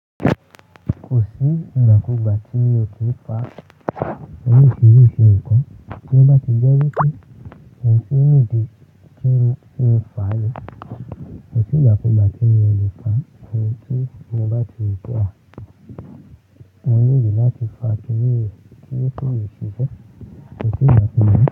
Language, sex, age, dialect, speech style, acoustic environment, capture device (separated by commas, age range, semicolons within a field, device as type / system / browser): Yoruba, male, 18-24, Nigeria - Lagos Yoruba, focused, people talking; music; appliances; construction; traffic, mobile / Linux / Chrome